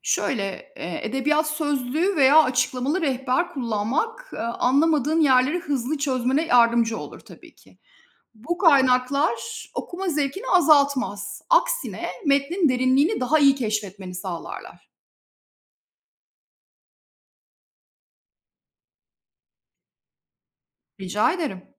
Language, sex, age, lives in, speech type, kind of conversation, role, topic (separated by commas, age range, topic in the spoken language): Turkish, female, 45-49, Spain, read, expert-assistant, expert, Klasik romanları daha iyi anlamak için hangi yöntemler kullanılabilir?